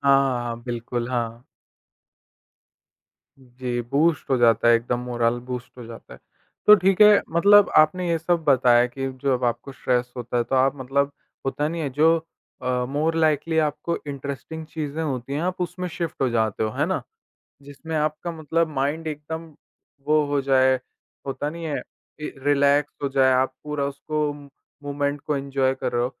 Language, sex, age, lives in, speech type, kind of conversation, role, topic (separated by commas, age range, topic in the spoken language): Hindi, male, 18-19, India, conversation, podcast, host, Stress hone par tumhara sabse pasandida timepass kya hota hai?